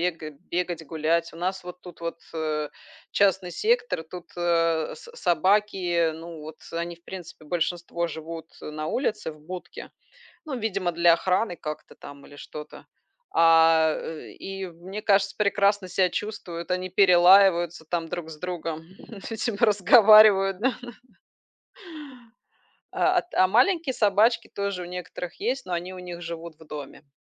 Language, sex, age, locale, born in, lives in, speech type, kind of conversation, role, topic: Russian, female, 40-44, ru-RU, Belarus, Spain, conversation, unstructured, none, Какой образ жизни лучше подходит активным собакам: в городе или за городом?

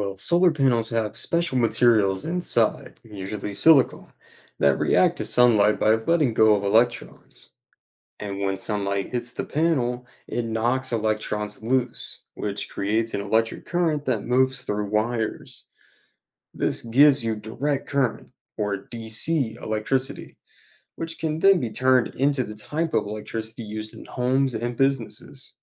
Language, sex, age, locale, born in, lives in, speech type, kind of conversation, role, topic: English, male, 20-24, en-US, United States, United States, read, expert-assistant, expert, How do solar panels work and what should you know about using them at home?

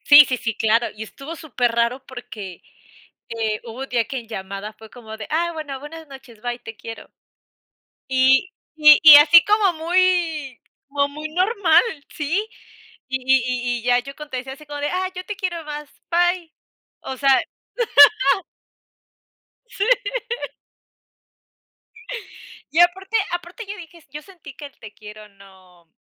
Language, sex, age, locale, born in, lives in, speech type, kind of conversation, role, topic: Spanish, female, 25-29, es-US, Mexico, United States, conversation, unstructured, none, ¿Cuándo es un buen momento para decir "te quiero"?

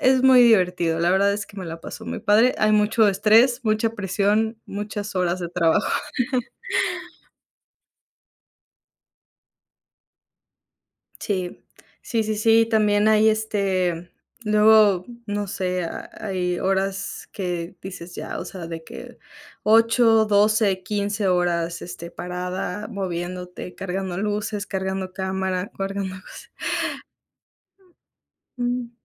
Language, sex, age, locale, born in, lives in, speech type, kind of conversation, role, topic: Spanish, female, 25-29, es-MX, Mexico, Mexico, conversation, unstructured, none, ¿En qué momento te sientes más feliz en tu trabajo?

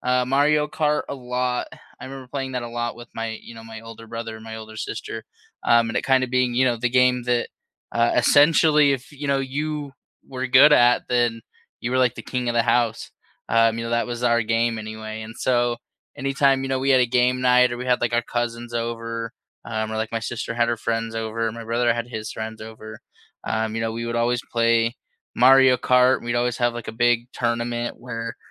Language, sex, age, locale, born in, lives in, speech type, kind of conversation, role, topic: English, male, 25-29, en-US, United States, United States, conversation, unstructured, none, What video, board, or party games do you swear by for game night?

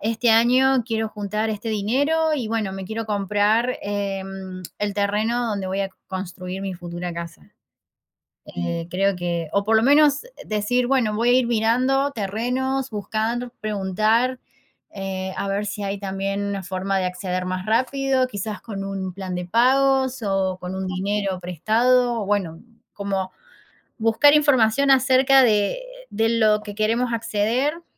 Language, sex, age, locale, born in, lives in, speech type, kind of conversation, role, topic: Spanish, female, 30-34, es-AR, Argentina, Netherlands, conversation, unstructured, none, ¿Qué te gustaría lograr antes de cumplir 30 años?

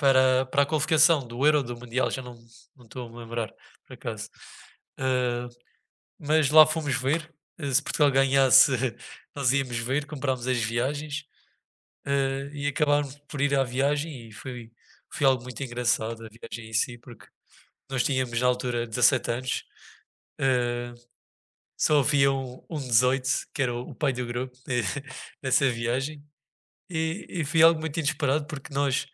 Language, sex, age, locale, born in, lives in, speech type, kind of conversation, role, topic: Portuguese, male, 20-24, pt-PT, Portugal, Portugal, conversation, unstructured, none, Você já viveu alguma aventura inesperada durante uma viagem?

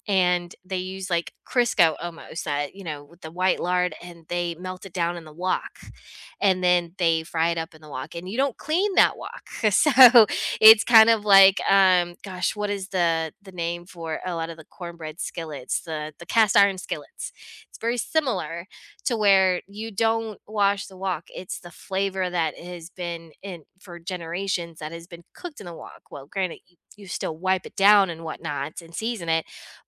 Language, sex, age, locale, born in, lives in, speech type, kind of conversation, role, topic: English, female, 40-44, en-US, United States, United States, conversation, unstructured, none, How can food tell a story about a culture?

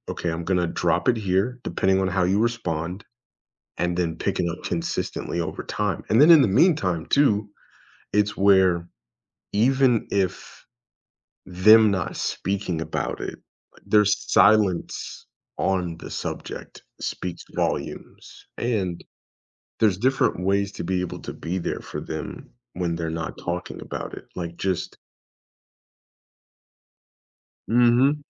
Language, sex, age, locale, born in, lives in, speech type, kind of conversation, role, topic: English, male, 25-29, en-US, United States, United States, conversation, podcast, guest, What are thoughtful ways friends can help someone cope with loss?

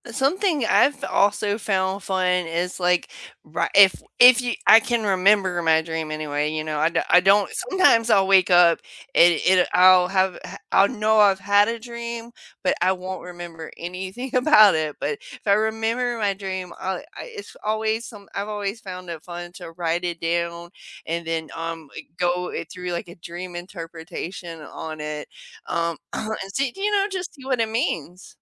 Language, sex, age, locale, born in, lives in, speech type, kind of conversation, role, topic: English, female, 40-44, en-US, United States, United States, conversation, unstructured, none, How do you cope with sleep disruptions that happen for no clear reason?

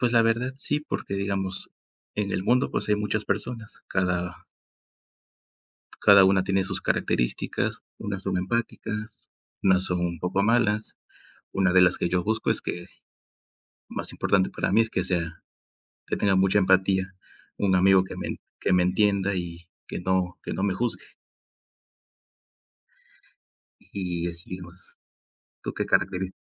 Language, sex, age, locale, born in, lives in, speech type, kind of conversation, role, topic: Spanish, male, 20-24, es-MX, Mexico, Mexico, conversation, unstructured, none, ¿De qué manera la amistad puede ayudarte a crecer como persona?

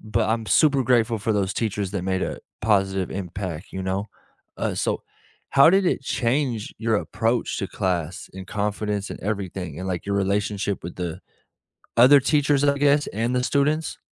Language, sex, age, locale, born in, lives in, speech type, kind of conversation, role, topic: English, male, 25-29, en-US, United States, United States, conversation, unstructured, none, What small acts of care from teachers—like remembering your name, leaving a quick note, or checking in—shaped your experience?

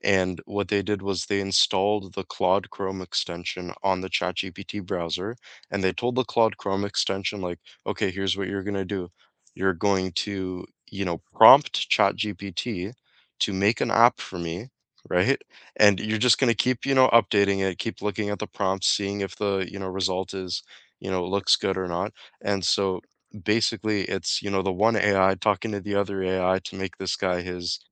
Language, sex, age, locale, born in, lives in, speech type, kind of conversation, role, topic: English, male, 20-24, en-CA, Canada, Canada, conversation, unstructured, none, What small tech hacks save you minutes each day, and which ones do you share with friends?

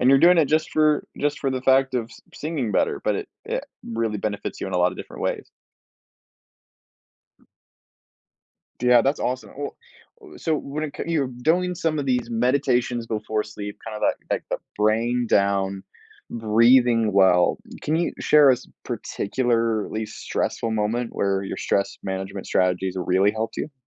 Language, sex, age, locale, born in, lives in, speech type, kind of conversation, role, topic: English, male, 20-24, en-US, United States, United States, conversation, podcast, host, What habits or routines help you handle stress in everyday life?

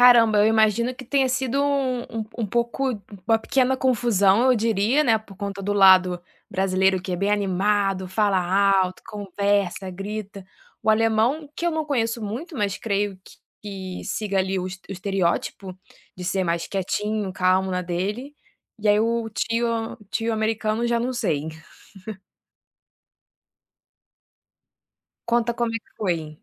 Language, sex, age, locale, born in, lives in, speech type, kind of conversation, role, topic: Portuguese, female, 25-29, pt-BR, Brazil, France, conversation, podcast, host, Qual é a sua estratégia para cozinhar para muita gente?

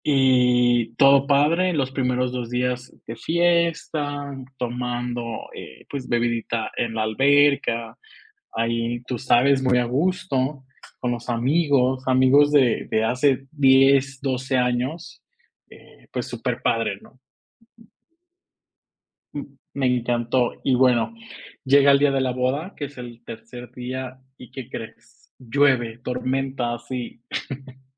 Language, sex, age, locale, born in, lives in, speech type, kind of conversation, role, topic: Spanish, male, 25-29, es-MX, Mexico, Mexico, conversation, podcast, guest, ¿Qué recuerdo de viaje te hace reír cada vez?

